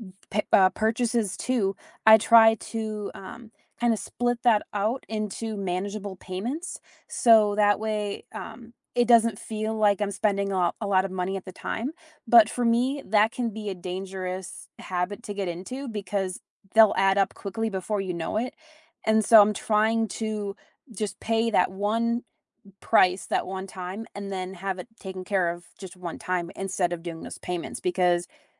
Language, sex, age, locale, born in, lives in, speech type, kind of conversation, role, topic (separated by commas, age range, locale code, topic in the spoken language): English, female, 25-29, en-US, United States, United States, conversation, unstructured, none, What is the best way to save money each month?